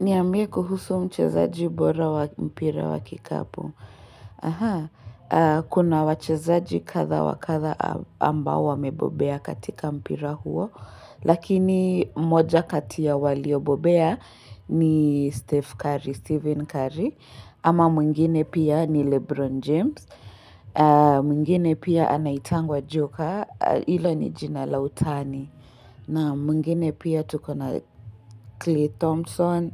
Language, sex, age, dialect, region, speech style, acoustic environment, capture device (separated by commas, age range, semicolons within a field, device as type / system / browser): Swahili, female, 18-24, Kenya - Nairobi Sheng-influenced, Kenya, relaxed, silence, mobile / Linux / Chrome